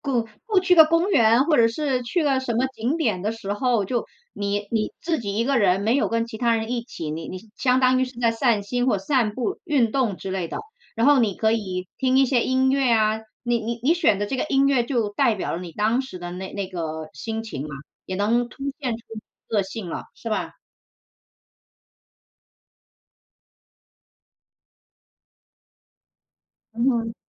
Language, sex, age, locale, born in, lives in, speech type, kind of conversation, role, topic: Chinese, female, 40-44, zh-CN, China, United States, conversation, unstructured, none, 你喜欢用什么方式来表达自己的个性？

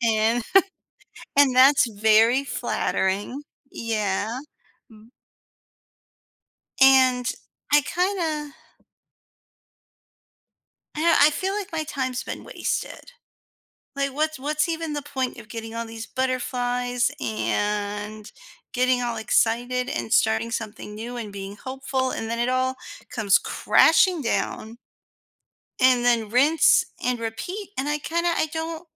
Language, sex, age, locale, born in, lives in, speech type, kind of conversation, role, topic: English, female, 40-44, en-US, United States, United States, conversation, advice, user, How do I cope with an unexpected breakup and start moving forward?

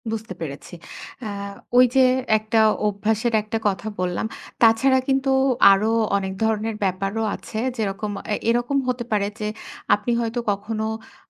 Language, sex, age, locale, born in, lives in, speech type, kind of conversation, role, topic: Bengali, female, 30-34, bn-BD, Bangladesh, Bangladesh, conversation, advice, advisor, ব্যর্থ হওয়ার ভয়ে আপনি নতুন কিছু চেষ্টা করা এড়িয়ে চলছেন—এখন কী করা উচিত?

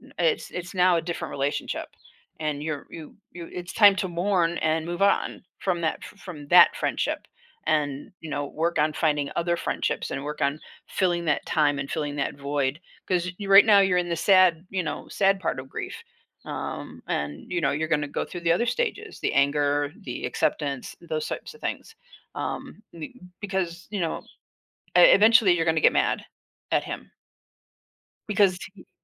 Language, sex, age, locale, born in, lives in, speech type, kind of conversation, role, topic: English, female, 60-64, en-US, United States, United States, conversation, advice, advisor, How can I cope with the sadness of a fading friendship?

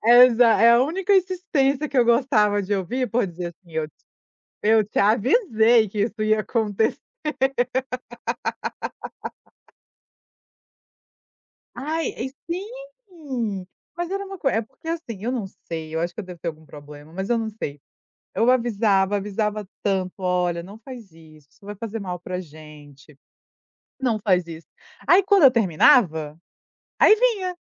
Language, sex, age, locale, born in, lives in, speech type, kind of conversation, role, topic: Portuguese, female, 30-34, pt-BR, Brazil, United States, conversation, podcast, guest, O que você faz quando precisa dizer não?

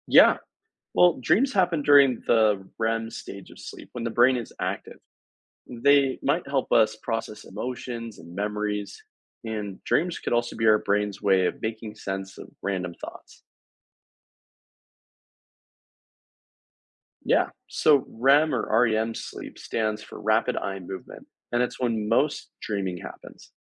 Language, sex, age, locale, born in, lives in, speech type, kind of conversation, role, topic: English, male, 20-24, en-US, United States, United States, read, expert-assistant, expert, Why do people dream, and what can they mean?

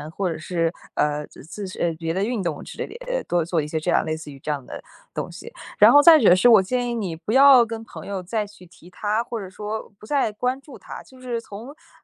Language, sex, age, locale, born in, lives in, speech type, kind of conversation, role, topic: Chinese, female, 20-24, zh-CN, China, United States, conversation, advice, advisor, 被出轨或背叛后，你感到的痛苦具体是什么样的？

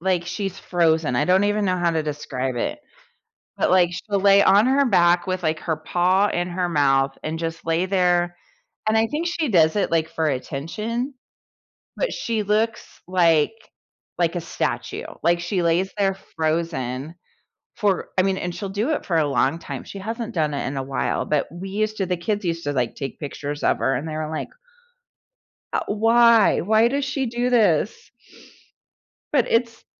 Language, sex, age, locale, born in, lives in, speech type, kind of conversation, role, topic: English, female, 45-49, en-US, United States, United States, conversation, unstructured, none, What is the funniest thing a pet has done around you?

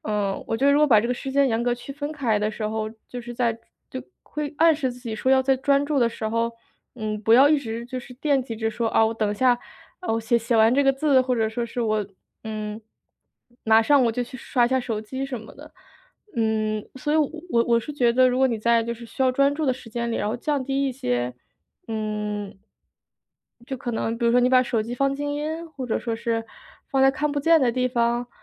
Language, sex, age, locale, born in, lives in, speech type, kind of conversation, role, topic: Chinese, female, 25-29, zh-CN, China, United States, conversation, advice, advisor, 我怎样才能减少娱乐对时间和注意力的干扰？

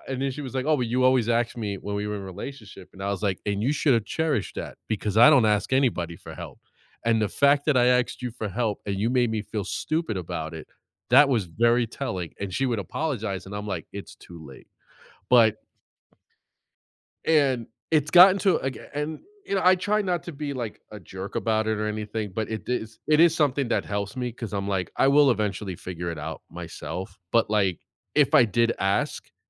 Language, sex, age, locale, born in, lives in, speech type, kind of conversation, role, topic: English, male, 40-44, en-US, United States, United States, conversation, unstructured, none, How do you decide when to ask for help and when to figure things out on your own?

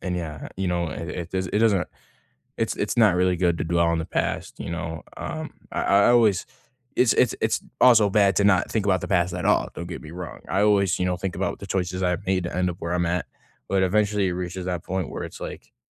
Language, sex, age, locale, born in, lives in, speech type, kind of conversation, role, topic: English, male, 18-19, en-US, United States, United States, conversation, unstructured, none, Have you ever felt angry when someone used your past against you?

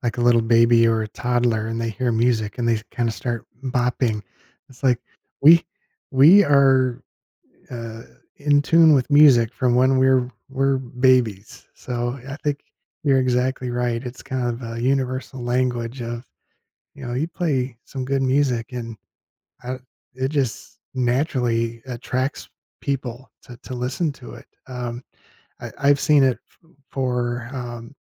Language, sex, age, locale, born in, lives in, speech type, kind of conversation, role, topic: English, male, 50-54, en-US, United States, United States, conversation, podcast, host, In what ways does entertainment help people connect and build relationships?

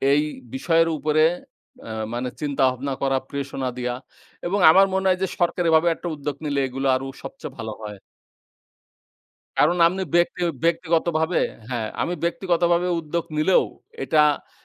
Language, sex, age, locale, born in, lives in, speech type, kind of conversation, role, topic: Bengali, male, 25-29, bn-BD, Bangladesh, Bangladesh, conversation, unstructured, none, আপনি কি কখনও নাটক বা থিয়েটারে গিয়েছেন?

